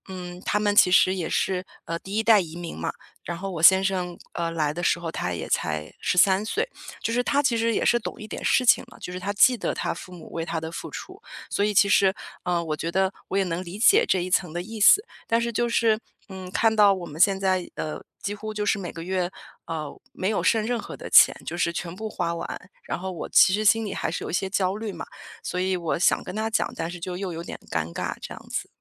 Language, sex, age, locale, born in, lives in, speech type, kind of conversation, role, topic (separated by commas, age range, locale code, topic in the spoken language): Chinese, female, 35-39, zh-CN, China, United States, conversation, advice, user, 如何才能不尴尬地和家人或朋友谈论金钱问题？